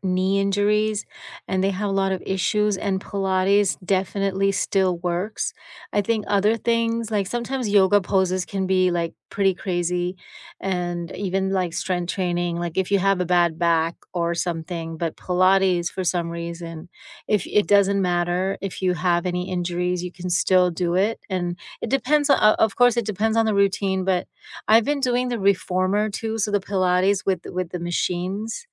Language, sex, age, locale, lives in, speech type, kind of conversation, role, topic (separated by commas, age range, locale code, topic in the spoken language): English, female, 50-54, en-US, United States, conversation, unstructured, none, What is the best part of your morning routine, and what makes it meaningful to you?